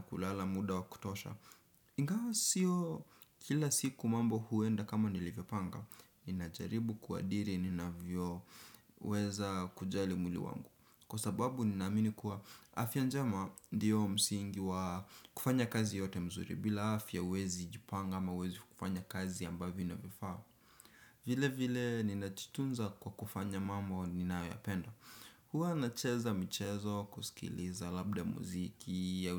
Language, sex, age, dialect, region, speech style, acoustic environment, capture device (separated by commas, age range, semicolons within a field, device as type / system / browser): Swahili, male, 18-24, Kenya - Nairobi Sheng-influenced, Kenya, relaxed, silence, desktop / Windows / Chrome